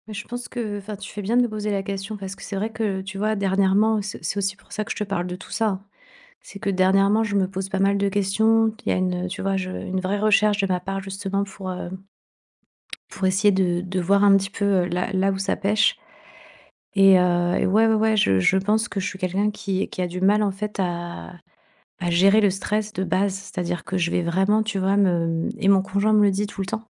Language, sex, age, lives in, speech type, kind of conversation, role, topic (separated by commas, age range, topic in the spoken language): French, female, 40-44, Spain, conversation, advice, user, Comment puis-je maintenir mes habitudes de soins personnels quand je suis stressé ?